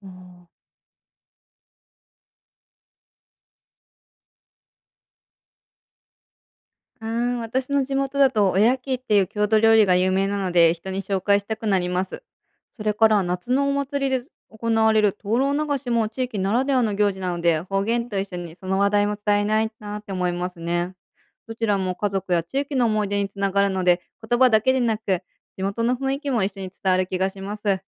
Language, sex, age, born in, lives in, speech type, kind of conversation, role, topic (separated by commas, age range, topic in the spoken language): Japanese, female, 30-34, Japan, United States, read, expert-assistant, asker, 世代や地域をこえて、いろいろな言葉を楽しむための新しい方法にはどんなものが考えられますか？